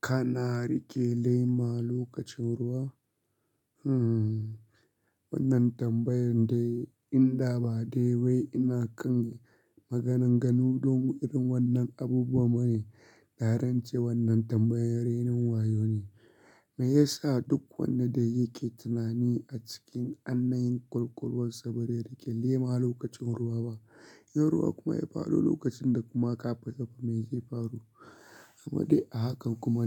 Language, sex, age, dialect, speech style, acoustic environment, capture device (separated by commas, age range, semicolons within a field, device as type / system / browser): Hausa, male, 25-34, Nigeria - Kano, focused, nature; silence, mobile / Linux / Chrome